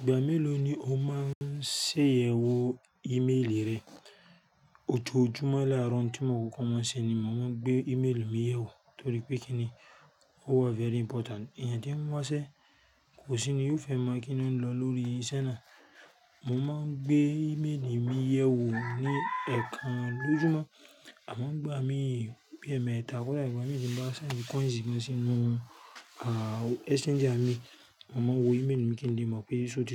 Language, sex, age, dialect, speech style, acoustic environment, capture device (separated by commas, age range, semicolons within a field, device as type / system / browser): Yoruba, male, 35-44, Nigeria - Lagos Yoruba, focused, nature, mobile / Linux / Chrome